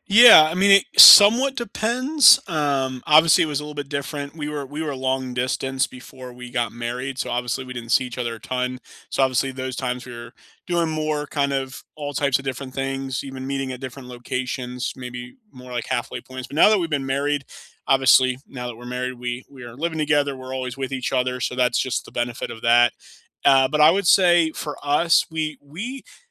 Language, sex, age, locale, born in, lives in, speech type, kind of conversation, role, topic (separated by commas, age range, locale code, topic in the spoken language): English, male, 30-34, en-US, United States, United States, conversation, unstructured, none, Which weekend ritual do you cherish most, and what makes it meaningful to you?